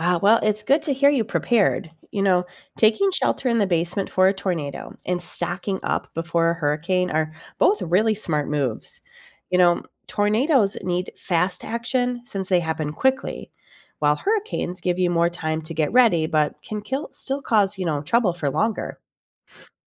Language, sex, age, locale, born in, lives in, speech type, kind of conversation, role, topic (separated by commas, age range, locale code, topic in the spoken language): English, female, 45-49, en-US, United States, United States, read, expert-assistant, expert, What makes a tornado different from a hurricane?